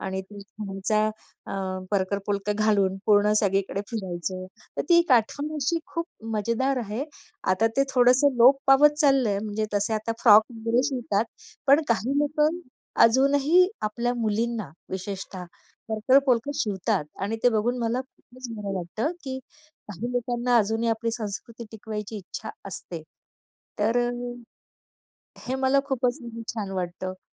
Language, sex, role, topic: Marathi, female, guest, सणाच्या दिवशी घातलेल्या खास परिधानांचा तुमच्या आत्मविश्वासावर कसा परिणाम होतो?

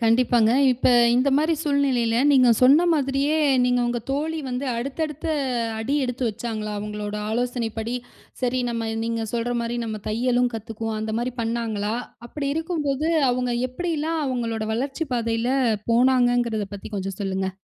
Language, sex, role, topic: Tamil, female, host, தொடர்ந்து புதிதாகக் கற்றுக்கொள்ள உங்களை ஊக்கப்படுத்தும் வழி என்ன?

